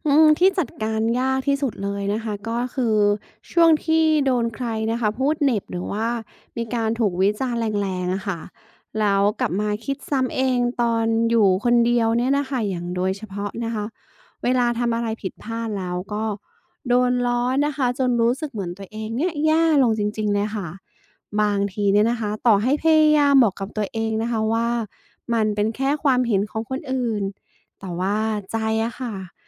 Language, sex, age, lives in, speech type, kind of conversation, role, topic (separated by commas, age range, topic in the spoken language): Thai, female, 35-39, Thailand, read, expert-assistant, asker, การเรียนรู้จากความล้มเหลวในการสำรวจอวกาศสามารถนำมาใช้พัฒนาตัวเองในชีวิตประจำวันได้อย่างไร?